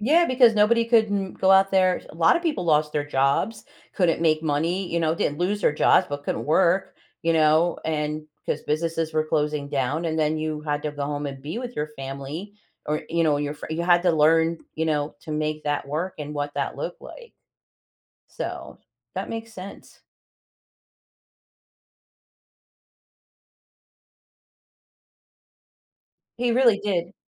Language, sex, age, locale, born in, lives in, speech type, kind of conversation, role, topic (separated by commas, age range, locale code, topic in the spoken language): English, female, 55-59, en-US, United States, United States, conversation, podcast, guest, How do your experiences and values shape your idea of success?